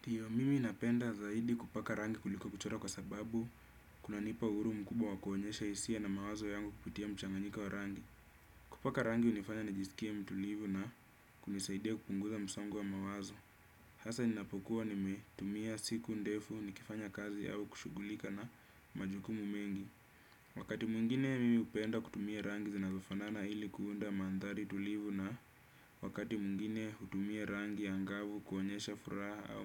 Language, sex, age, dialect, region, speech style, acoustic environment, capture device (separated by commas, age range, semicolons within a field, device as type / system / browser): Swahili, male, 25-34, Kenya - Nairobi Sheng-influenced, Kenya, happy; excited; focused; relaxed, silence; nature, desktop / Windows / Chrome